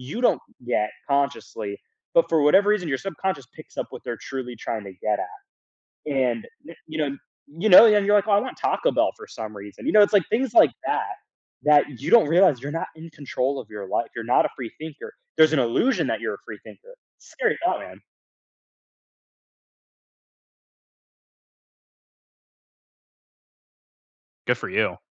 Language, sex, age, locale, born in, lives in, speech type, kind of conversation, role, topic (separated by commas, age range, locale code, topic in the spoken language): English, male, 20-24, en-US, United States, United States, conversation, podcast, guest, How can adopting a new habit lead to meaningful changes in your life?